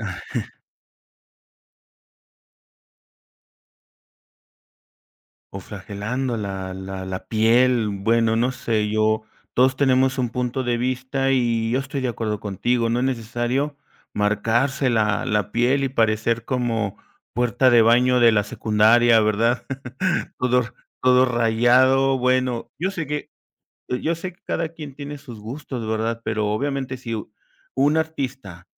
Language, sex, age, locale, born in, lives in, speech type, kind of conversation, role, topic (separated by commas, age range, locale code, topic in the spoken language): Spanish, male, 50-54, es-MX, Mexico, Mexico, conversation, podcast, host, ¿Cómo influye la música en tu estado de ánimo?